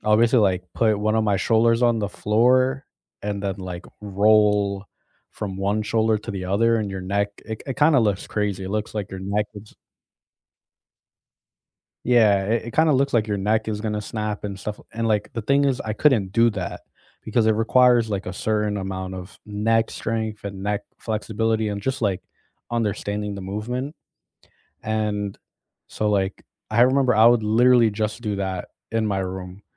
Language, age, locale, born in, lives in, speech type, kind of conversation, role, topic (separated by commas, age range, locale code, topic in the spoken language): English, 25-29, en-US, United States, United States, conversation, unstructured, none, What is a small goal you are excited about this month?